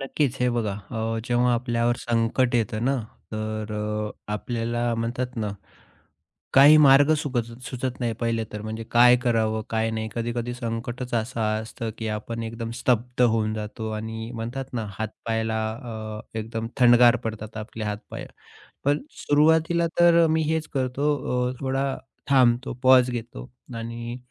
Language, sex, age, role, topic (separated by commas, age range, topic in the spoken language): Marathi, male, 30-34, guest, आपण संकटाच्या काळात एकत्र येण्यासाठी कोणत्या पद्धती वापरू शकतो?